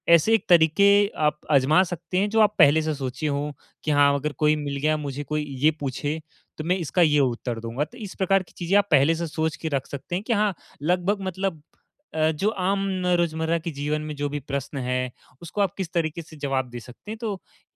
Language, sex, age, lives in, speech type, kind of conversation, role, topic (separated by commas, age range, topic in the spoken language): Hindi, male, 18-19, India, conversation, advice, advisor, सार्वजनिक रूप से बोलते समय मुझे अधिक आत्मविश्वास कैसे मिल सकता है?